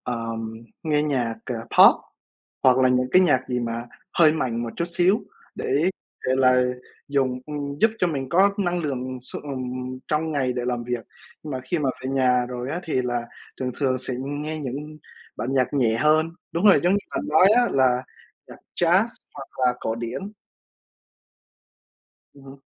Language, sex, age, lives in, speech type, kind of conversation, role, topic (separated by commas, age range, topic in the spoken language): Vietnamese, male, 20-24, United States, conversation, unstructured, none, Bạn thích nghe nhạc pop hay nhạc cổ điển hơn?